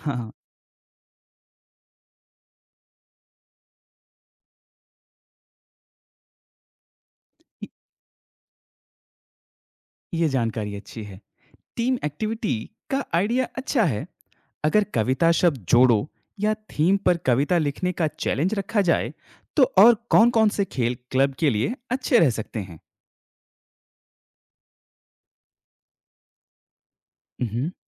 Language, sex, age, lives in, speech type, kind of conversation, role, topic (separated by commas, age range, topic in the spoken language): Hindi, male, 25-29, India, read, expert-assistant, asker, ऑनलाइन हिंदी कविता क्लब कैसे शुरू और सक्रिय रखा जाए?